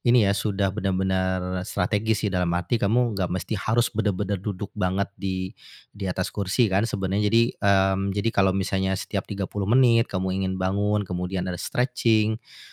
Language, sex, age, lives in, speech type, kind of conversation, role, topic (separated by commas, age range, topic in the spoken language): Indonesian, male, 40-44, Indonesia, conversation, advice, advisor, Bagaimana rutinitas kerja tanpa jeda istirahat membuat Anda kelelahan?